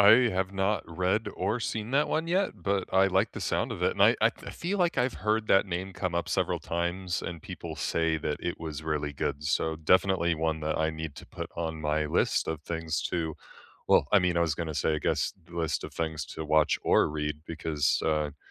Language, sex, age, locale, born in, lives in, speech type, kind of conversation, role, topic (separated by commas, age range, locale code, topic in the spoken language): English, male, 25-29, en-US, United States, United States, conversation, unstructured, none, Which book-to-screen adaptation surprised you, for better or worse?